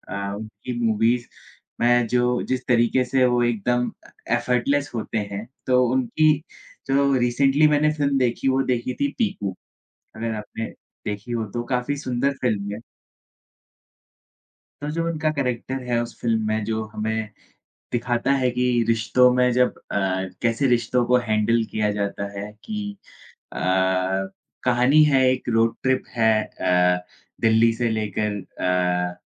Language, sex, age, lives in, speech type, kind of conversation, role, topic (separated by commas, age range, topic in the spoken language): Hindi, male, 20-24, India, conversation, unstructured, none, आप किस फिल्म के किस किरदार से सबसे ज्यादा जुड़ाव महसूस करते हैं?